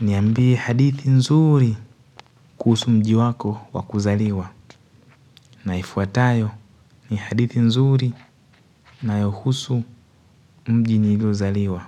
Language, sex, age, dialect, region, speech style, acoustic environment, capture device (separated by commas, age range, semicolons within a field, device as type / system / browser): Swahili, male, 18-24, Tanzania - Dar es Salaam, Tanzania, happy; focused, nature; people talking, mobile / Linux / Chrome